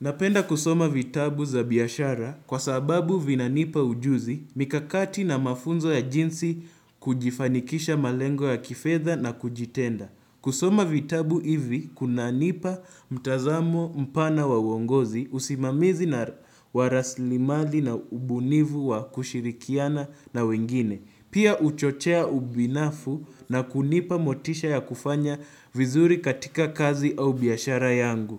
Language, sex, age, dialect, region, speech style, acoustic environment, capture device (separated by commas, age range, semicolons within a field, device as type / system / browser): Swahili, male, 18-24, Kenya - Nairobi Sheng-influenced, Kenya, focused, silence, desktop / Windows / Chrome